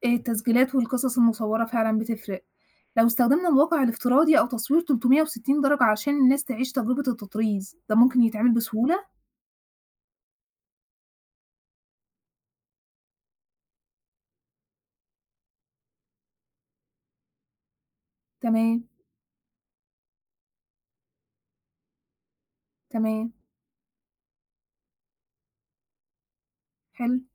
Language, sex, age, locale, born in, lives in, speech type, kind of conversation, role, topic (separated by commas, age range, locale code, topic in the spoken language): Arabic, female, 25-29, ar-EG, Egypt, Egypt, read, expert-assistant, asker, إزاي ممكن نستغل التكنولوجيا علشان نحيي الفنون الشعبية المصرية ونوصّلها للعالم كله؟